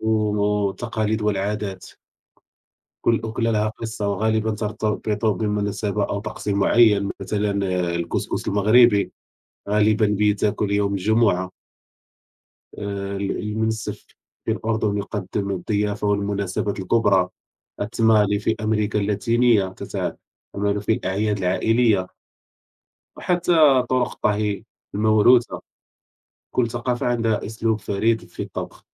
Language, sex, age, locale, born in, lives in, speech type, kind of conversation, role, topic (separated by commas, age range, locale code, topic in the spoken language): Arabic, male, 30-34, ar-MA, Morocco, France, conversation, unstructured, none, إنت بتحب تجرّب أكلات من ثقافات مختلفة، وأنهي نوع عجبك أكتر؟